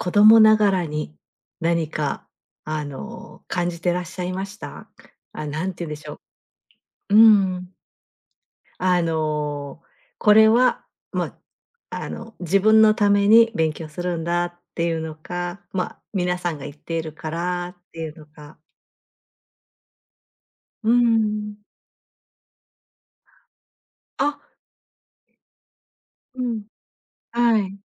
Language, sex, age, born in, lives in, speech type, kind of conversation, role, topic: Japanese, female, 50-54, Japan, Japan, conversation, podcast, host, 人生で一番印象に残っている瞬間は何ですか？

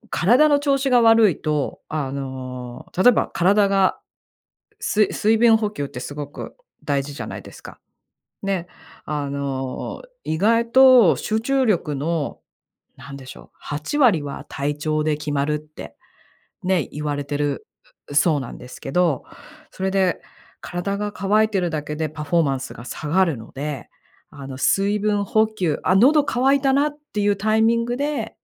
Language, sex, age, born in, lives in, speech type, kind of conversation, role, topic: Japanese, female, 45-49, Japan, United States, conversation, advice, advisor, 集中力が短時間で切れて仕事が進まないのはなぜですか？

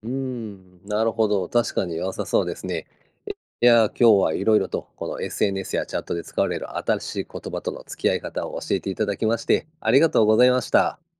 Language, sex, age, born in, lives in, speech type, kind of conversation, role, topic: Japanese, male, 50-54, Japan, Japan, read, expert-assistant, asker, SNSやチャットで使われる新しい言葉を、世代を問わず楽しめるようにするにはどう工夫できるでしょうか？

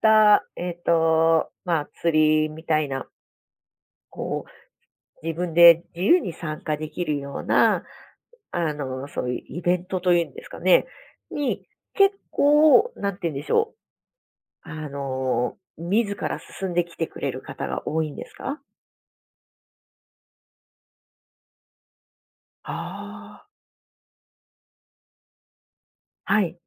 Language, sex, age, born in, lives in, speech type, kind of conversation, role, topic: Japanese, female, 35-39, Japan, Japan, conversation, podcast, host, 職場の人間関係はどのように築いていますか？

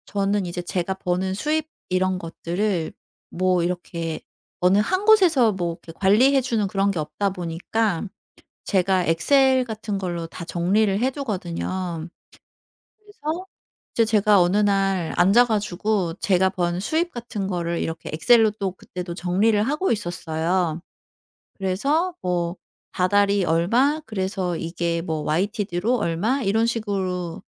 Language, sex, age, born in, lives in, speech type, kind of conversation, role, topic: Korean, female, 40-44, South Korea, South Korea, conversation, advice, user, 비판을 들을 때 개인적 공격처럼 느껴지지 않으려면 업무와 제 가치를 어떻게 분리해야 하나요?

